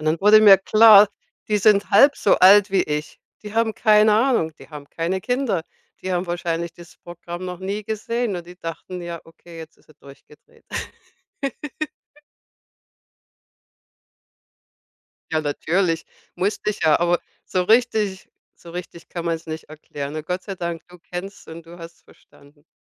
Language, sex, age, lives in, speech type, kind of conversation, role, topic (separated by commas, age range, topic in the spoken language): German, female, 55-59, United States, conversation, podcast, guest, Gibt es Wörter, die nur in deiner Familie benutzt werden?